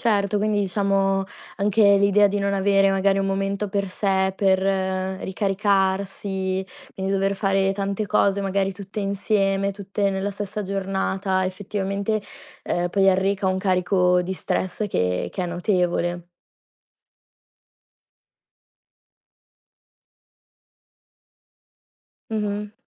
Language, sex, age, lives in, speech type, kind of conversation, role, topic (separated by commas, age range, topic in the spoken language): Italian, female, 20-24, Italy, conversation, podcast, host, Come gestisci lo stress quotidiano?